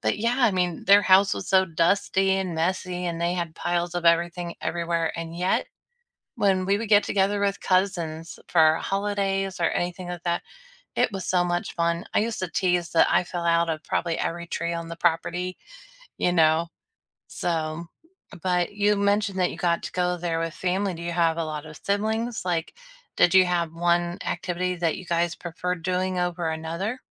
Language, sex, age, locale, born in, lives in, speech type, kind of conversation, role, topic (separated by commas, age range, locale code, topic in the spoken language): English, female, 40-44, en-US, United States, United States, conversation, unstructured, none, Which childhood place do you miss most, and what vivid memory still brings it back for you?